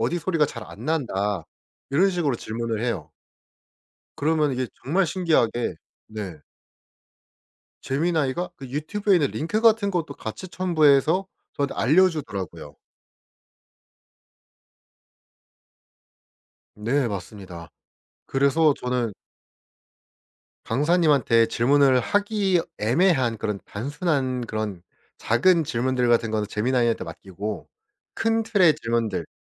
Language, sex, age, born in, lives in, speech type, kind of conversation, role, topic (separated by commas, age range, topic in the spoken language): Korean, male, 25-29, South Korea, South Korea, conversation, podcast, guest, 학습 파트너나 멘토는 왜 중요할까요?